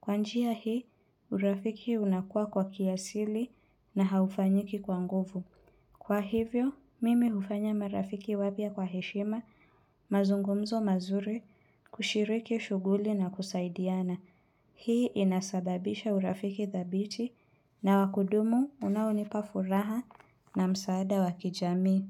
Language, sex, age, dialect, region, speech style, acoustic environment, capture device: Swahili, female, 25-34, Kenya - Nairobi Sheng-influenced, Kenya, relaxed, silence, mobile / Linux / Chrome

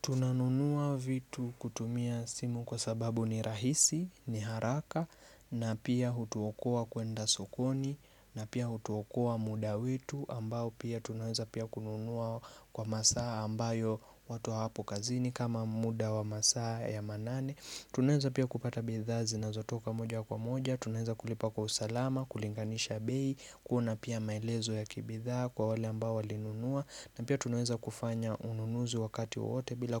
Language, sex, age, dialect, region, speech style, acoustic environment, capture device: Swahili, male, 25-34, Kenya - Nairobi Sheng-influenced, Kenya, relaxed; focused, nature; silence, mobile / Linux / Chrome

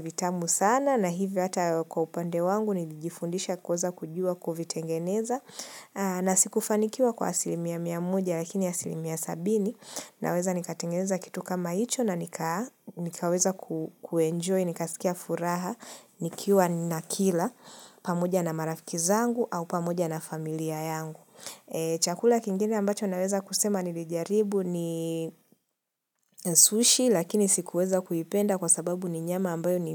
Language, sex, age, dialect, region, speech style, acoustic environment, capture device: Swahili, female, 25-34, Kenya - Nairobi Sheng-influenced, Kenya, relaxed, silence, mobile / Linux / Chrome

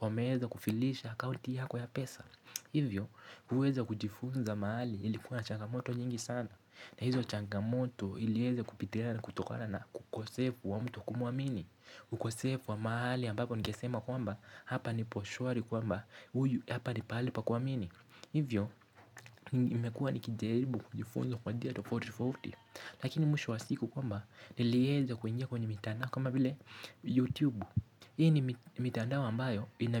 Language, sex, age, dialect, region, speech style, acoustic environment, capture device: Swahili, male, 18-24, Kenya - Nairobi Sheng-influenced, Kenya, tired; relaxed; focused, construction; music; silence; people talking; nature, mobile / Linux / Chrome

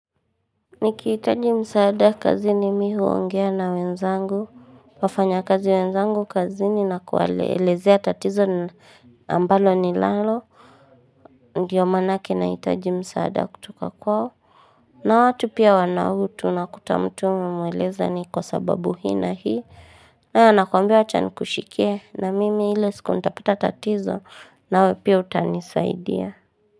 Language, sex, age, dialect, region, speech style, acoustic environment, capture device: Swahili, female, 18-24, Kenya - Nairobi Sheng-influenced, Kenya, anxious, silence, mobile / Linux / Chrome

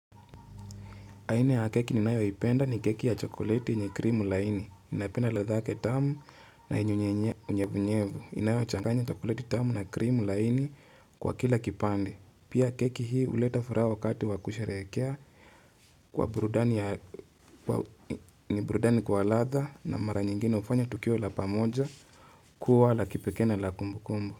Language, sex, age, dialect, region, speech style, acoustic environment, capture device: Swahili, male, 25-34, Kenya - Nairobi Sheng-influenced, Kenya, focused, nature, mobile / Linux / Chrome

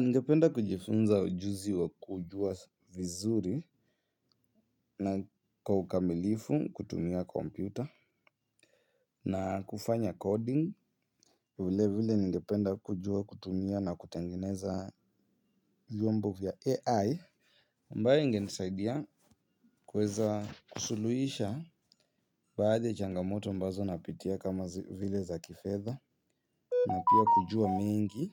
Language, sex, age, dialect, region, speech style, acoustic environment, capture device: Swahili, male, 18-24, Kenya - Nairobi Sheng-influenced, Kenya, happy, people talking, mobile / Linux / Chrome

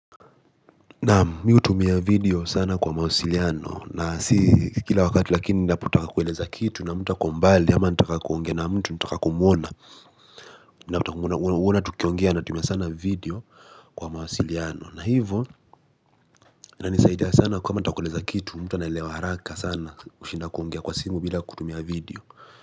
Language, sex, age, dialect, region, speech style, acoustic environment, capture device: Swahili, male, 18-24, Kenya - Mombasa, Kenya, focused, silence, mobile / Linux / Chrome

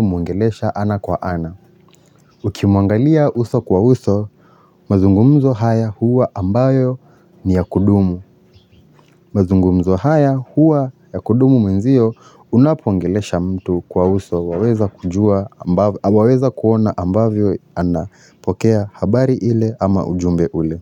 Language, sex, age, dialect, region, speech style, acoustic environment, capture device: Swahili, male, 25-34, Kenya - Nairobi Sheng-influenced, Kenya, relaxed, silence; nature, mobile / Linux / Chrome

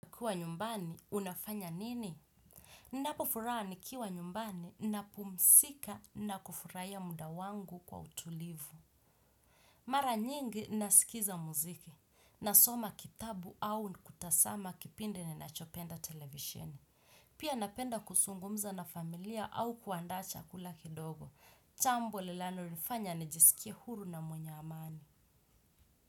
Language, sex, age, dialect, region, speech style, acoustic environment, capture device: Swahili, female, 25-34, Kenya - Mombasa, Kenya, stressed, silence, desktop / Windows / Chrome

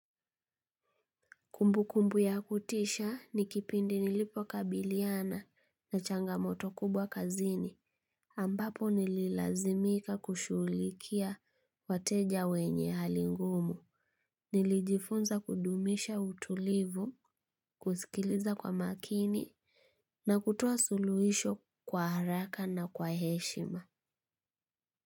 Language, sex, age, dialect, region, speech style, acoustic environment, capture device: Swahili, female, 25-34, Kenya - Kitui, Kenya, happy, nature, mobile / Linux / Chrome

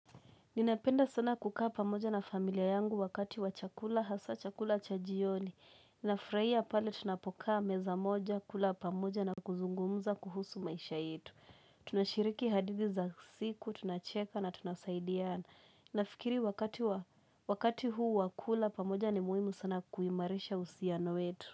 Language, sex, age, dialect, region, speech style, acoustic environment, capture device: Swahili, female, 18-24, Kenya - Mombasa, Kenya, relaxed; nervous; focused, people talking; music; nature; appliances; silence, mobile / Linux / Chrome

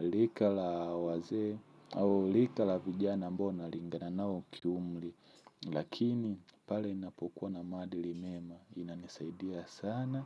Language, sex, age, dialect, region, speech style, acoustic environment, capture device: Swahili, male, 25-34, Tanzania - Dar es Salaam, Tanzania, happy, silence, mobile / Linux / Chrome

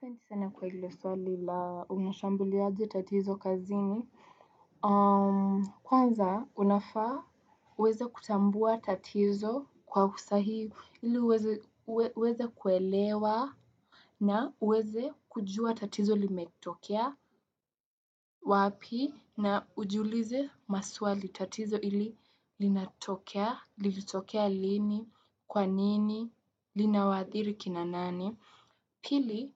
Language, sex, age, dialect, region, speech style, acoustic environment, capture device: Swahili, female, 18-24, Kenya - Nairobi Sheng-influenced, Kenya, relaxed, silence, desktop / Windows / Chrome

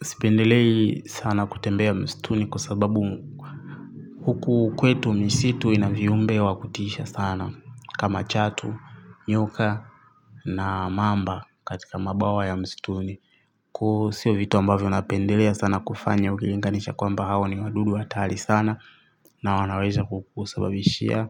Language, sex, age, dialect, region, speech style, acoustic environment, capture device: Swahili, male, 25-34, Tanzania - Dar es Salaam, Tanzania, happy; relaxed, traffic, mobile / Linux / Chrome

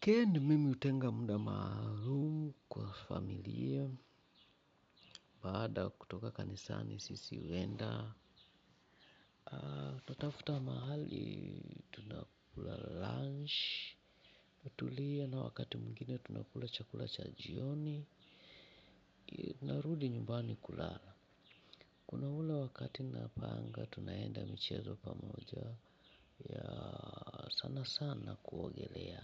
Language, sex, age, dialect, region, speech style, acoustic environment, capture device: Swahili, male, 45-54, Kenya - Mombasa, Kenya, happy, people talking; music; traffic, mobile / Linux / Chrome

